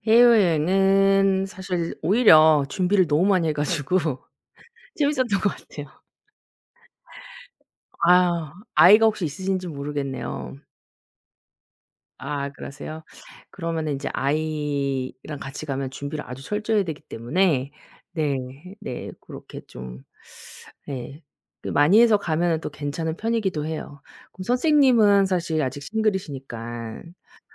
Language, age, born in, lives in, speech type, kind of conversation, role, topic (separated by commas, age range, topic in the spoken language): Korean, 40-44, South Korea, South Korea, conversation, unstructured, none, 여행을 통해 얻은 가장 큰 교훈은 무엇인가요?